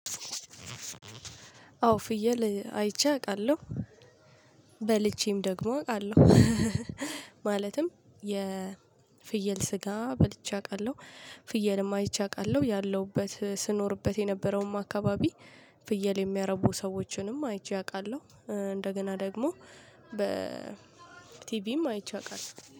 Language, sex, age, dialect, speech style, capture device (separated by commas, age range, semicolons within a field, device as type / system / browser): Amharic, female, 25-34, Ethiopia - Addis Ababa, happy, mobile / Linux / Chrome